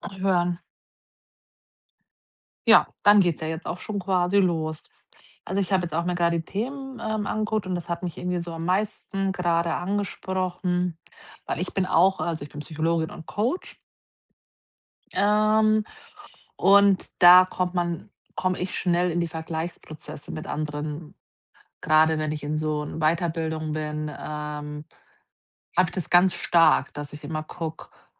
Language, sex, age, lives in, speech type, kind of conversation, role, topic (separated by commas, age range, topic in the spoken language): German, female, 40-44, Germany, conversation, advice, user, Wie kann ich aufhören, mich mit anderen zu vergleichen und meine Selbstakzeptanz stärken?